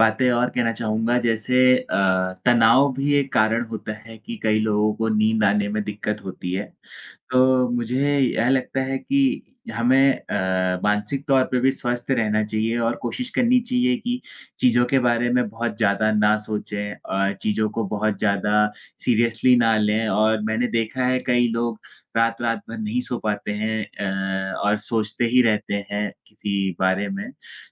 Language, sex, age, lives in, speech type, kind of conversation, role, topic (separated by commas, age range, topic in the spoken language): Hindi, male, 20-24, India, conversation, unstructured, none, आप अपनी नींद की गुणवत्ता कैसे सुधारते हैं?